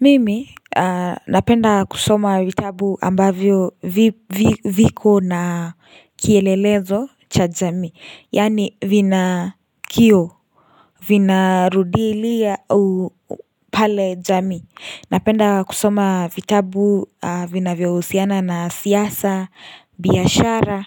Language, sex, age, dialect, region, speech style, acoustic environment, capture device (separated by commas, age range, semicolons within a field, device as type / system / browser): Swahili, female, 18-24, Kenya - Nairobi Sheng-influenced, Kenya, relaxed; happy; focused; excited, silence, mobile / Linux / Chrome